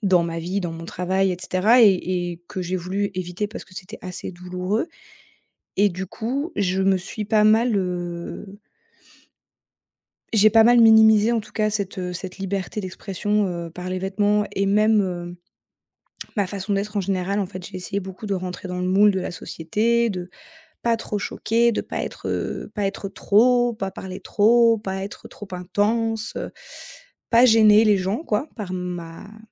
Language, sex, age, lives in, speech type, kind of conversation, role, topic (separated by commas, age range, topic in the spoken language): French, female, 30-34, France, conversation, advice, user, Comment rester moi-même quand la pression sociale me pousse à changer ?